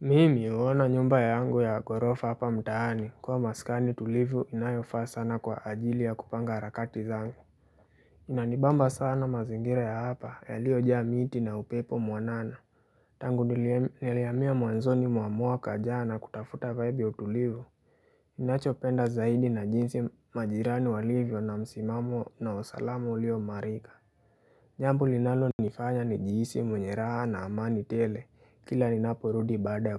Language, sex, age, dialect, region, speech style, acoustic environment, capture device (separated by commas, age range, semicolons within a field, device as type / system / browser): Swahili, male, 18-24, Kenya - Nairobi Sheng-influenced, Kenya, happy; relaxed; focused, nature; appliances, mobile / Linux / Chrome